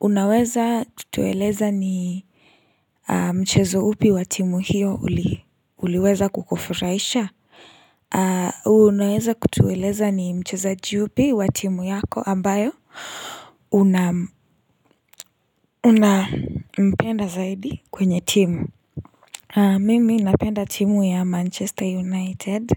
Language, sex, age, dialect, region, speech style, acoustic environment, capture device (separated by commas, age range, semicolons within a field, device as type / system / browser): Swahili, female, 18-24, Kenya - Nairobi Sheng-influenced, Kenya, happy; relaxed; focused; excited, silence, mobile / Linux / Chrome